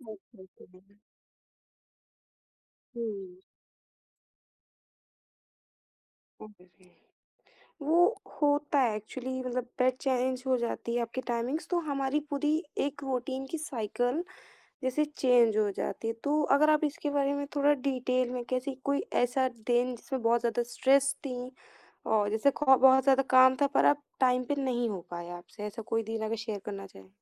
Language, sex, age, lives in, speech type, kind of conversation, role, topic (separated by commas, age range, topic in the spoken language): Hindi, female, 18-19, India, conversation, podcast, host, Neend ka routine stress kitna kam karta hai?